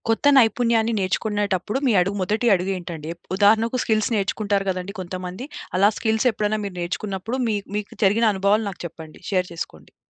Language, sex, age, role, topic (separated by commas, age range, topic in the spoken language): Telugu, female, 25-29, host, కొత్త నైపుణ్యాన్ని నేర్చుకోవడం మొదలుపెట్టేటప్పుడు మీరు ముందుగా ఏం చేస్తారు?